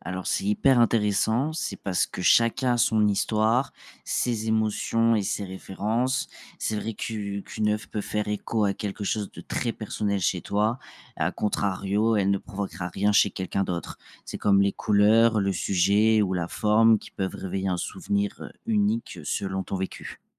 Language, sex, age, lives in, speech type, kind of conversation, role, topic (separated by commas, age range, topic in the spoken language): French, male, 25-29, France, read, expert-assistant, expert, Pourquoi l’art émeut-il différemment chaque personne ?